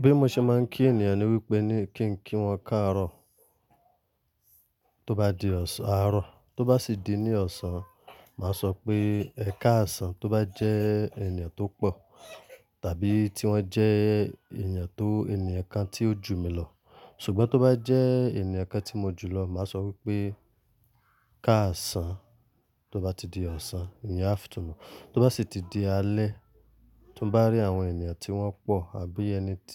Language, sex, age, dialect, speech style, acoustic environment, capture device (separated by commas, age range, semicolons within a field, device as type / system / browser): Yoruba, male, 25-34, Nigeria - Lagos Yoruba, happy; relaxed, silence, mobile / Linux / Chrome